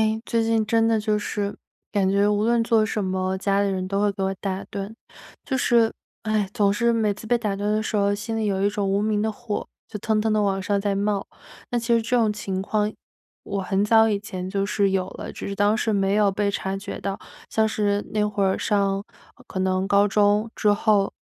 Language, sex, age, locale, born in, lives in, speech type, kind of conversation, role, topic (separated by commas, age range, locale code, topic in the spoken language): Chinese, female, 25-29, zh-CN, China, United States, conversation, advice, user, 在家放松看电影或读书时总被家人打扰，我该怎么办？